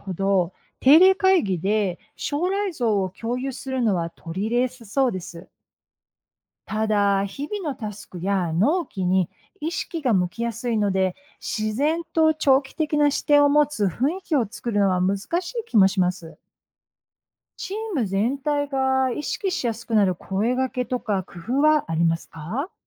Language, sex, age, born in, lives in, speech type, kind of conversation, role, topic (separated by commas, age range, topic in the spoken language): Japanese, female, 55-59, United States, United States, read, expert-assistant, asker, 戦略的思考力を高めるために日常的にできるトレーニング方法や習慣にはどんなものがありますか？